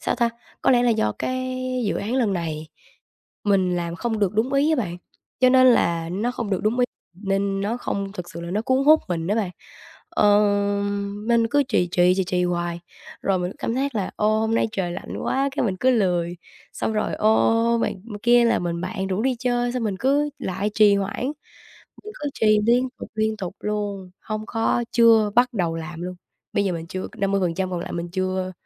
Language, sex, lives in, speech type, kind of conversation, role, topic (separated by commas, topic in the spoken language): Vietnamese, female, Vietnam, conversation, advice, user, Làm sao để tôi ngừng trì hoãn những công việc quan trọng?